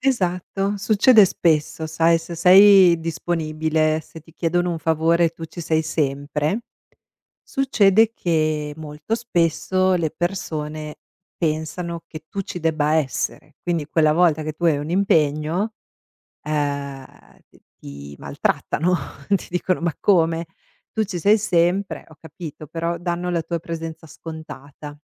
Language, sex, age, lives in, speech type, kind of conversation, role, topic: Italian, female, 45-49, United States, conversation, podcast, guest, Come comunichi un “no” quando decidi di rifiutare?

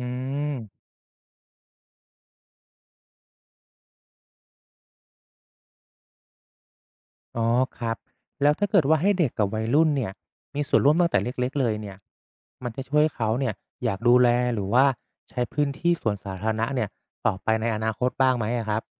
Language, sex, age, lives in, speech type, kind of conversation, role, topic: Thai, male, 35-39, Thailand, read, expert-assistant, asker, พื้นที่ในเมืองมีผลกับความรู้สึกเป็นส่วนหนึ่งของสังคมหรือเปล่า?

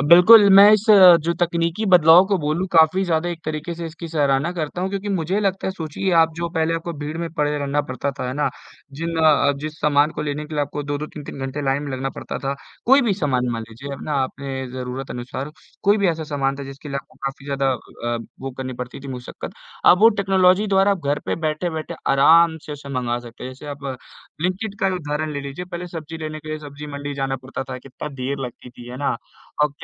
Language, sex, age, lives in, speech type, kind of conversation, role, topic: Hindi, male, 18-19, India, conversation, unstructured, none, क्या तकनीक की वजह से आप पहले से ज़्यादा खुश और संतुष्ट महसूस करते हैं?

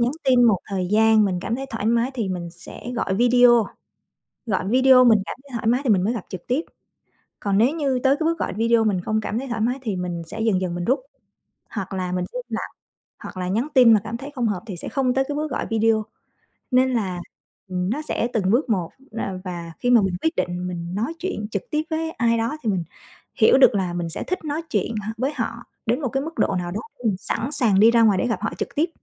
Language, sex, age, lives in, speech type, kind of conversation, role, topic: Vietnamese, female, 35-39, Vietnam, conversation, podcast, guest, Bạn thích trò chuyện trực tiếp hay nhắn tin hơn?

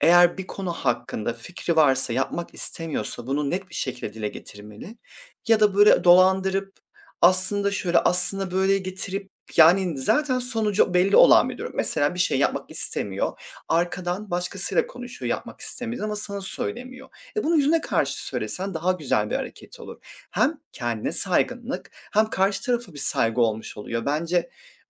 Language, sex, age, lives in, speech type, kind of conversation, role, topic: Turkish, male, 30-34, Poland, conversation, unstructured, none, Açık ve dürüst konuşmak nasıl bir fark yaratır?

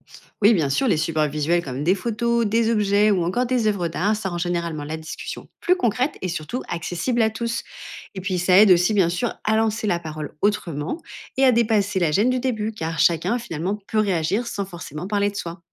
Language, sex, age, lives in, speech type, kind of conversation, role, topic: French, female, 30-34, France, read, expert-assistant, expert, Quels conseils pour mieux comprendre l’histoire d’une religion sans se perdre dans les détails ?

